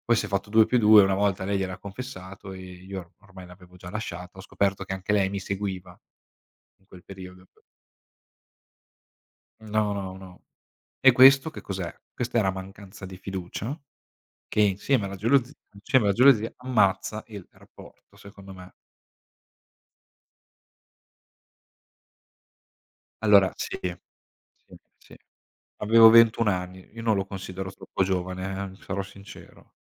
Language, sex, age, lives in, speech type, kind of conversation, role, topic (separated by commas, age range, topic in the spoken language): Italian, male, 25-29, Italy, conversation, unstructured, none, Come capisci se una relazione è sana oppure no?